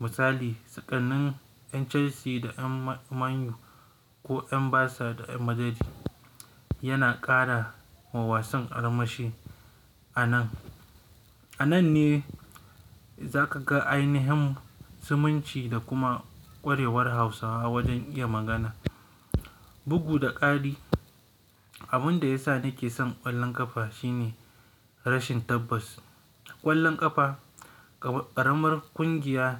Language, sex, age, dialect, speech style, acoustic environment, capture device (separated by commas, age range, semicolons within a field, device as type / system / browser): Hausa, male, 18-24, Nigeria - Kano, relaxed, nature, mobile / Linux / Chrome